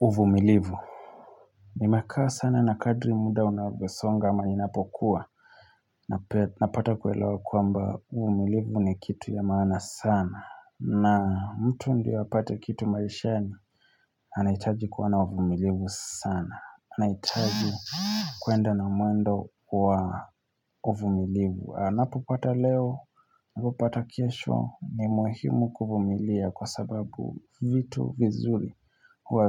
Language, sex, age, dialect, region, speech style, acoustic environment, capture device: Swahili, male, 25-34, Kenya - Nairobi Sheng-influenced, Kenya, happy, silence, mobile / Linux / Chrome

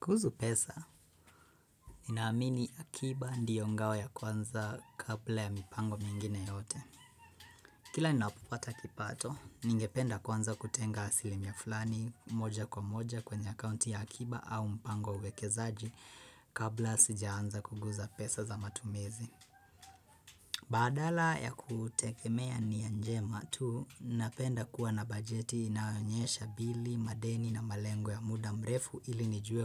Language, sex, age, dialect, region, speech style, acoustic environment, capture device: Swahili, female, 25-34, Kenya - Nairobi Sheng-influenced, Kenya, nervous, silence, desktop / Windows / Chrome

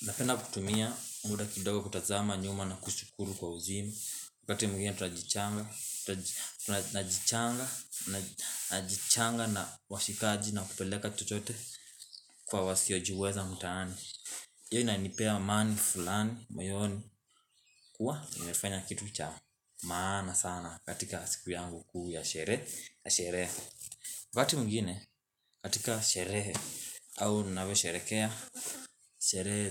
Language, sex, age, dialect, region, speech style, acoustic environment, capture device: Swahili, male, 18-24, Kenya - Nairobi Sheng-influenced, Kenya, happy, silence, mobile / Linux / Chrome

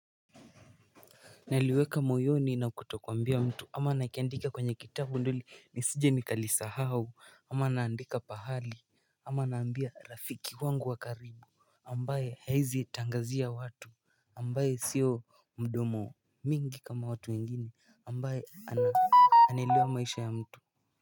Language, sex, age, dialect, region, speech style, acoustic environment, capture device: Swahili, male, 18-24, Kenya - Nairobi Sheng-influenced, Kenya, relaxed, music, mobile / Linux / Chrome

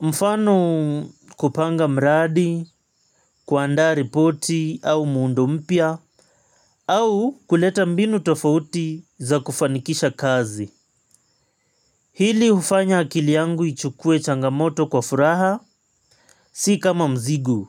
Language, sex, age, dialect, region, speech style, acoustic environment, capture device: Swahili, male, 25-34, Kenya - Mombasa, Kenya, relaxed, nature; appliances, mobile / Linux / Chrome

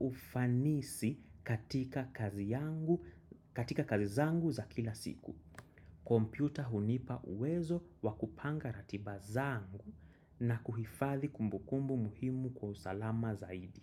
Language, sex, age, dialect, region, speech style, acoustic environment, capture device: Swahili, male, 25-34, Kenya - Nairobi Sheng-influenced, Kenya, happy; anxious; excited; focused, silence; music, desktop / macOS / Chrome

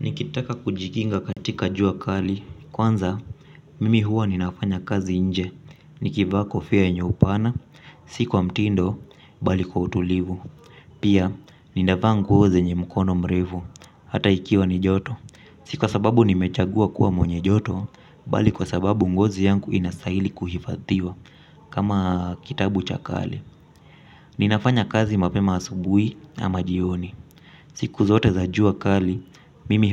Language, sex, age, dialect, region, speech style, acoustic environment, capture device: Swahili, male, 25-34, Kenya - Mombasa, Kenya, relaxed, appliances; silence, mobile / Linux / Chrome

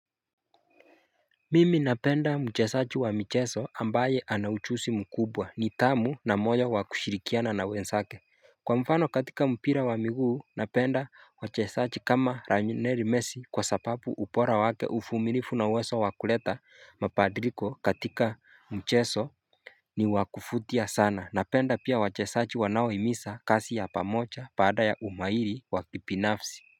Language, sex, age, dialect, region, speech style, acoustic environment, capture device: Swahili, male, 25-34, Kenya - Mombasa, Kenya, happy, nature, mobile / Linux / Chrome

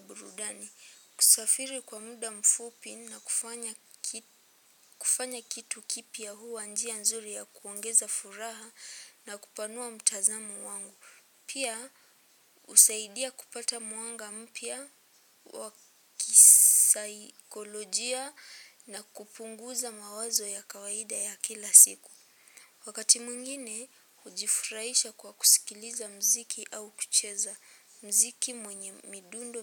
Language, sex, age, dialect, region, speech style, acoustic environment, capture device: Swahili, female, 18-24, Kenya - Nairobi Sheng-influenced, Kenya, happy; relaxed, silence, mobile / Linux / Chrome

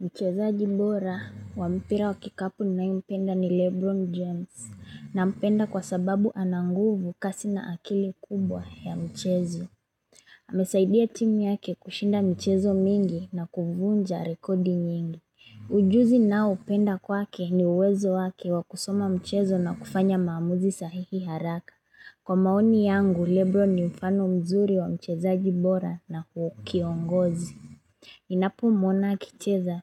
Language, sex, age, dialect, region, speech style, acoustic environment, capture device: Swahili, female, 25-34, Kenya - Mombasa, Kenya, relaxed, silence, mobile / Linux / Chrome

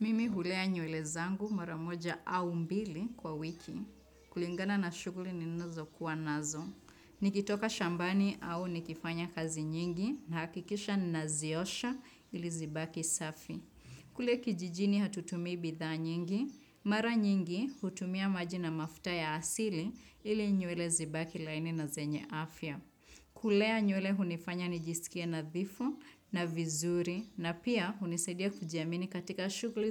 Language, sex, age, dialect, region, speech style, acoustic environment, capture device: Swahili, female, 25-34, Kenya - Nairobi Sheng-influenced, Kenya, happy; excited, nature, desktop / Windows / Chrome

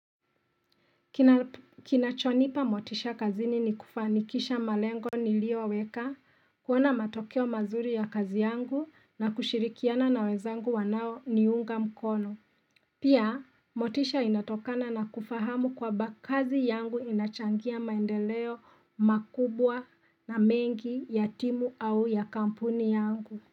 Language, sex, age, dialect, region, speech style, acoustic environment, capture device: Swahili, female, 25-34, Kenya - Nairobi Sheng-influenced, Kenya, excited; relaxed; happy; focused, silence; nature; appliances, mobile / Linux / Chrome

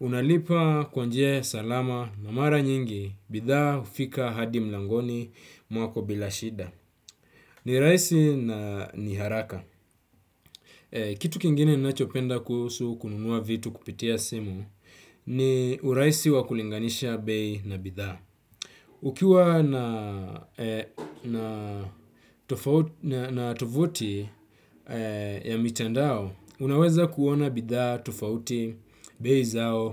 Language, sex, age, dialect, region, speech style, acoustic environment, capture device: Swahili, male, 25-34, Kenya - Mombasa, Kenya, relaxed, nature, desktop / Windows / Chrome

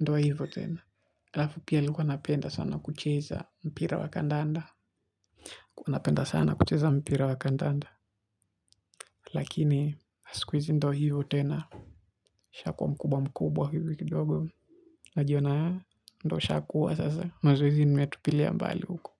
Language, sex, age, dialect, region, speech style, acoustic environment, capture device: Swahili, male, 18-24, Kenya - Mombasa, Kenya, focused, silence, mobile / Linux / Chrome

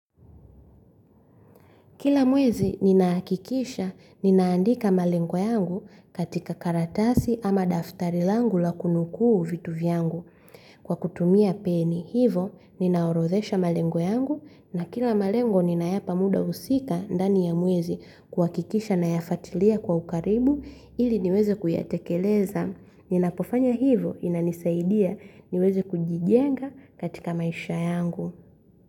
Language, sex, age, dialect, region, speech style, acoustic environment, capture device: Swahili, female, 25-34, Tanzania - Dar es Salaam, Tanzania, happy; relaxed; focused; excited, silence, mobile / Linux / Chrome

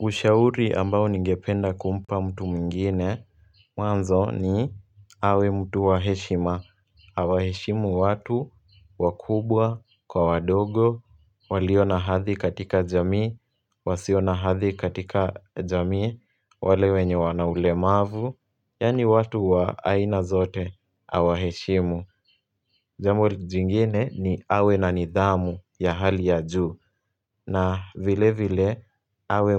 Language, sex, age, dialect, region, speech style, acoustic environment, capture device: Swahili, male, 18-24, Kenya - Nairobi Sheng-influenced, Kenya, happy; relaxed; focused, people talking; music; nature; traffic; construction; appliances, mobile / Linux / Chrome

